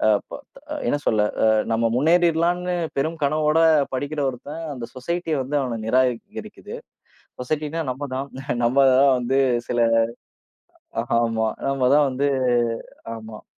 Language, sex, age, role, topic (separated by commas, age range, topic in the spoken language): Tamil, male, 30-34, guest, சூழல் மாற்றம் படைப்பாற்றலுக்கு எப்படி உதவுகிறது என்பதை விளக்க முடியுமா?